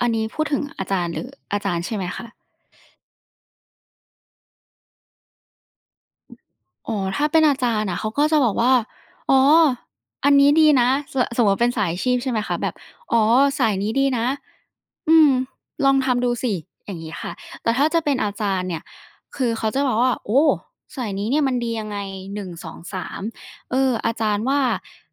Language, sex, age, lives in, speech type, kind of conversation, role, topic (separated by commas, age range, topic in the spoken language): Thai, female, 20-24, Thailand, conversation, podcast, guest, ครูกับพี่เลี้ยงต่างกันอย่างไรในความเห็นของคุณ?